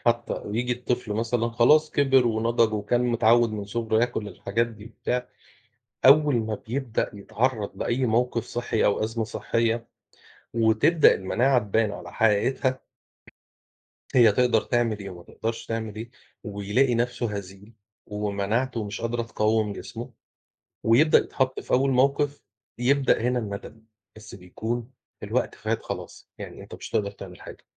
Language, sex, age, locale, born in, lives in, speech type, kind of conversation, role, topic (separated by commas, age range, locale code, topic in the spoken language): Arabic, male, 40-44, ar-EG, Egypt, Portugal, conversation, unstructured, none, هل المفروض الشركات اللي بتروّج للأكل الضار تتعاقب؟